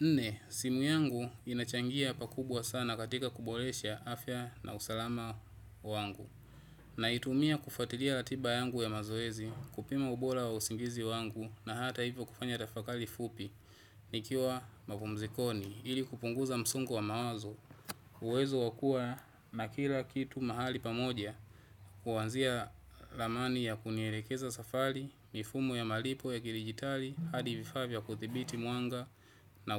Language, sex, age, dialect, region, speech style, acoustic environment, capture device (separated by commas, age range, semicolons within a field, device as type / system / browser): Swahili, male, 25-34, Tanzania - Dar es Salaam, Tanzania, relaxed, people talking, desktop / Windows / Chrome